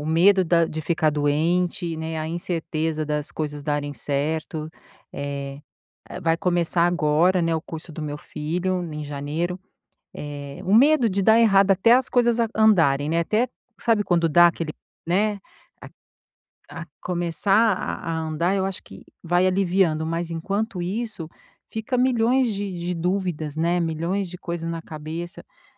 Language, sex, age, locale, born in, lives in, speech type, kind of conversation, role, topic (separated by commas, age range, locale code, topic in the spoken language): Portuguese, female, 50-54, pt-BR, Brazil, United States, conversation, advice, user, Como posso lidar com tanta incerteza e mudanças na minha vida?